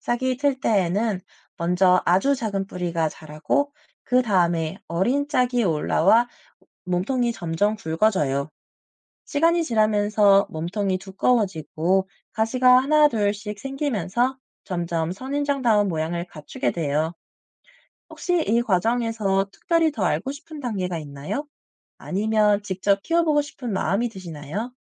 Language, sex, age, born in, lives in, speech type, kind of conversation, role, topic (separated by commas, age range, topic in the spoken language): Korean, male, 30-34, South Korea, France, read, expert-assistant, expert, 왜 선인장은 가시가 있나요?